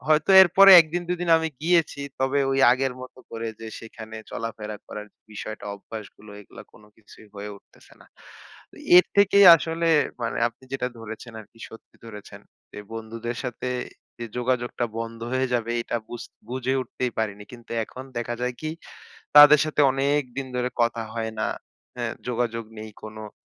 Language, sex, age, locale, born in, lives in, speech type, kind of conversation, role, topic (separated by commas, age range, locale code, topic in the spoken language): Bengali, male, 25-29, bn-BD, Bangladesh, Bangladesh, conversation, advice, user, ভালো বন্ধুদের সঙ্গে দূরত্ব কীভাবে মোকাবেলা করা যায়?